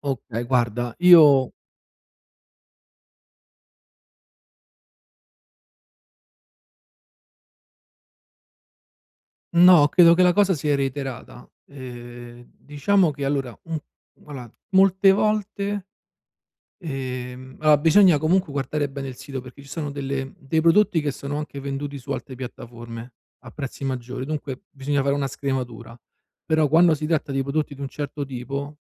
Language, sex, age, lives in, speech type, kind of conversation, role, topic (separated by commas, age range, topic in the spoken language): Italian, male, 35-39, Italy, conversation, advice, advisor, Come posso ottenere un rimborso o fare un reso se i vestiti comprati online sono di scarsa qualità?